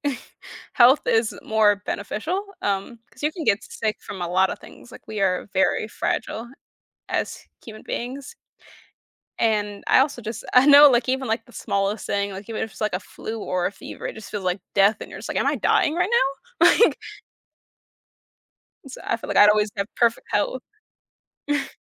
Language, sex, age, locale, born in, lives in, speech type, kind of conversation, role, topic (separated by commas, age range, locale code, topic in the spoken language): English, female, 20-24, en-US, United States, United States, conversation, unstructured, none, Would you rather always have perfect weather or always have perfect health?